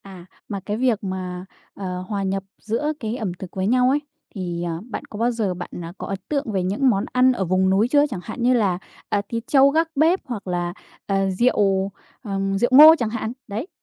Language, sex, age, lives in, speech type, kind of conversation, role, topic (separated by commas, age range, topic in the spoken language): Vietnamese, female, 20-24, Vietnam, conversation, podcast, host, Bạn có thể kể về một món ăn thời thơ ấu khiến bạn nhớ mãi không?